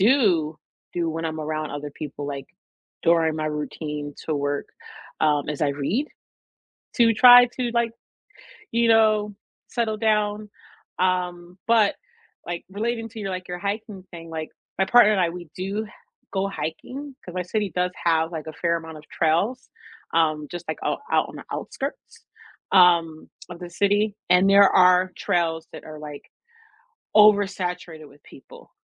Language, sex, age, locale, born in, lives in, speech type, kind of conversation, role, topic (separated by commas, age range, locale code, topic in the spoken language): English, female, 35-39, en-US, United States, United States, conversation, unstructured, none, What people, places, and routines make you feel at home where you live, and why?